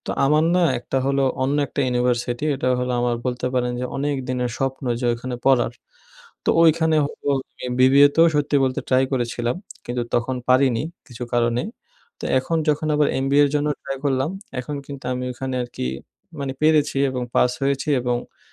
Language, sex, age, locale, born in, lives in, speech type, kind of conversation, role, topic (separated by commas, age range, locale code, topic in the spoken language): Bengali, male, 20-24, bn-BD, Bangladesh, Bangladesh, conversation, advice, user, পরিবর্তনের সময় আমি কীভাবে প্রতিরোধ সামলে আত্মবিশ্বাস বজায় রাখতে পারি?